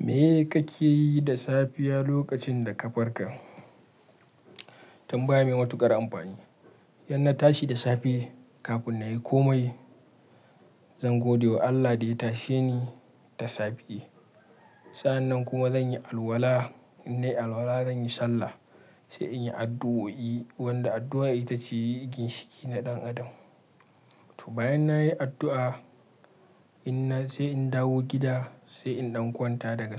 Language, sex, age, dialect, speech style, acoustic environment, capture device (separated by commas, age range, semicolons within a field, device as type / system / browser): Hausa, male, 25-34, Nigeria - Kano, happy; relaxed; focused, silence, mobile / Linux / Chrome